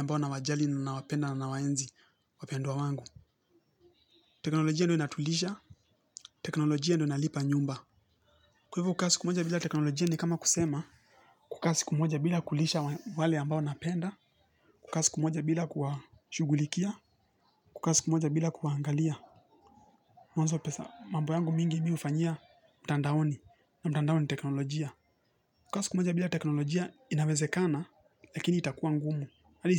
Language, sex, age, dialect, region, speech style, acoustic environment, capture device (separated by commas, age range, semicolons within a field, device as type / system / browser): Swahili, male, 18-24, Kenya - Nairobi Sheng-influenced, Kenya, relaxed; happy; focused, music; nature; construction; appliances; people talking, mobile / Linux / Chrome